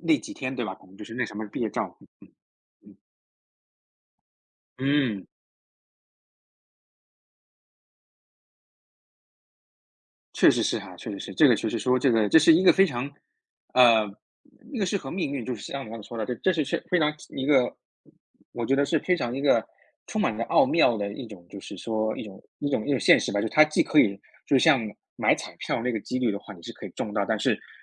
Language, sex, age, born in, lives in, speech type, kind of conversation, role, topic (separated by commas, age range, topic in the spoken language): Chinese, male, 30-34, China, United States, conversation, podcast, host, 你有没有遇到过让你觉得世界很小的巧合？